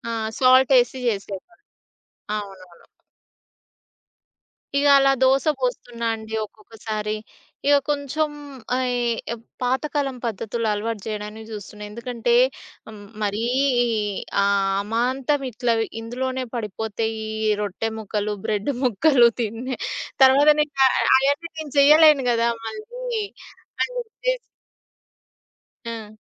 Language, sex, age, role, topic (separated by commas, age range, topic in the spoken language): Telugu, female, 30-34, guest, స్నేహితులు లేదా కుటుంబ సభ్యుల ప్రభావంతో మీ ఇష్టాలు మారిపోయాయా?